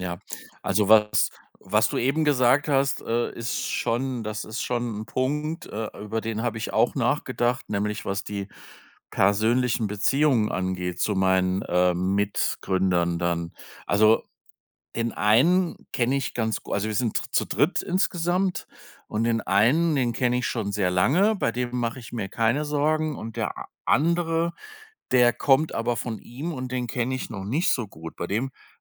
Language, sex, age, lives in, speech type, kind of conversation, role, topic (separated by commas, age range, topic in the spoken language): German, male, 55-59, Germany, conversation, advice, user, Wie entscheide ich zwischen einem sicheren Job und dem Risiko, meinen Karriereweg zu verändern?